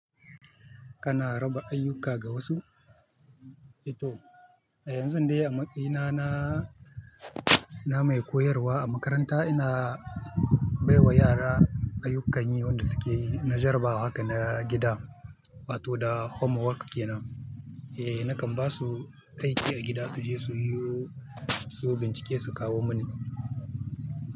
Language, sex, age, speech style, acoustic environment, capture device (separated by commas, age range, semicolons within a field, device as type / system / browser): Hausa, male, 25-34, happy; focused, people talking; traffic; music; nature, mobile / Linux / Chrome